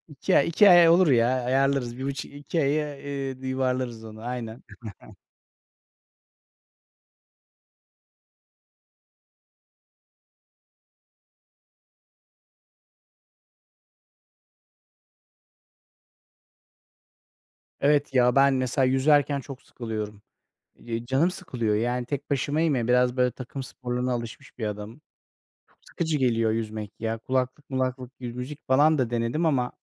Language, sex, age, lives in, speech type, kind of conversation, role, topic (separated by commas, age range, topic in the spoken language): Turkish, male, 40-44, Netherlands, conversation, unstructured, none, Sağlıklı bir yaşam için egzersiz yapmamak ne gibi riskler yaratır?